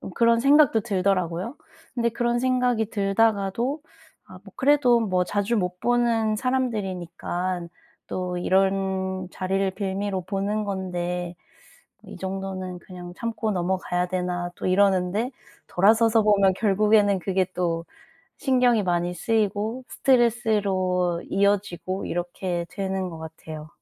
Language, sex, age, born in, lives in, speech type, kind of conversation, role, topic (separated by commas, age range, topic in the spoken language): Korean, female, 30-34, South Korea, South Korea, conversation, advice, user, 자주 바뀌는 일정과 잦은 취소 때문에 스트레스를 받을 때 어떻게 대처하면 좋을까요?